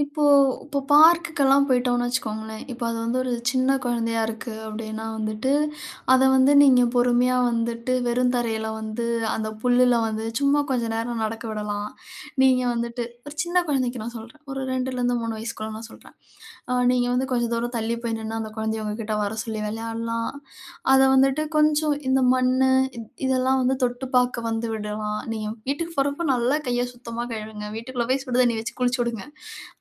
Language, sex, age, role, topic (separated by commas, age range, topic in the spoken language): Tamil, female, 35-39, guest, குழந்தைகளுடன் வெளியில் சுயநிலைத்த விளையாட்டுகளை எப்படி நடத்தலாம்?